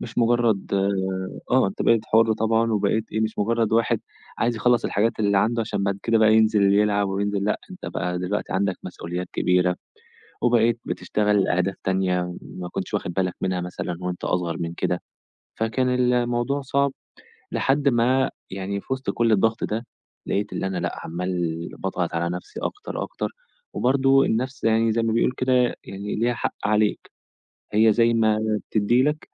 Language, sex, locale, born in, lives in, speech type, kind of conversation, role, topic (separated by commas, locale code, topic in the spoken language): Arabic, male, ar-EG, Egypt, Egypt, conversation, podcast, guest, إزاي بتحافظ على التوازن بين شغلك وحياتك؟